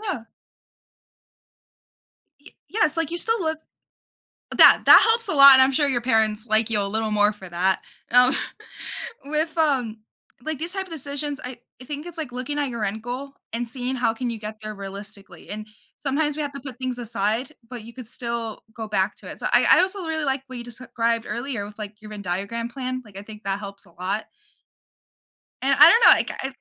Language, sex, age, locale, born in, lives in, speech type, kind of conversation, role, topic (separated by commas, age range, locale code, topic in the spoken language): English, female, 18-19, en-US, United States, United States, conversation, podcast, host, How do you weigh pros and cons before deciding?